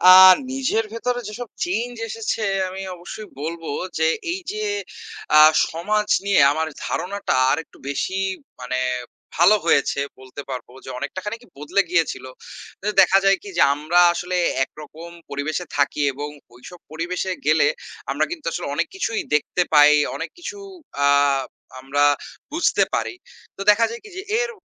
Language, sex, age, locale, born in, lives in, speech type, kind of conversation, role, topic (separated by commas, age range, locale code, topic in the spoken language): Bengali, male, 25-29, bn-BD, Bangladesh, Bangladesh, conversation, unstructured, none, স্বেচ্ছাসেবী কাজ সমাজকে কীভাবে বদলে দেয়?